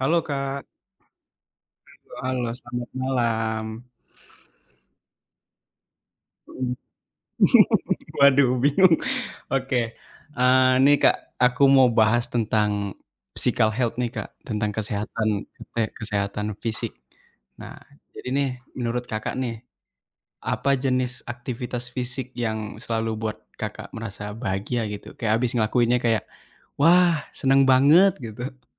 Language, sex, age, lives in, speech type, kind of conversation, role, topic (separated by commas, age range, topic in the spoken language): Indonesian, male, 20-24, Indonesia, conversation, unstructured, none, Aktivitas fisik apa yang selalu membuatmu merasa bahagia?